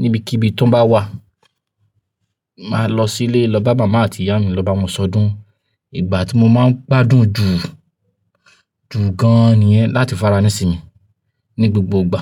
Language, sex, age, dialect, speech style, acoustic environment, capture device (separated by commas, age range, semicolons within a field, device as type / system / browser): Yoruba, male, 25-34, Nigeria - Ibadan, happy, nature, mobile / Linux / Chrome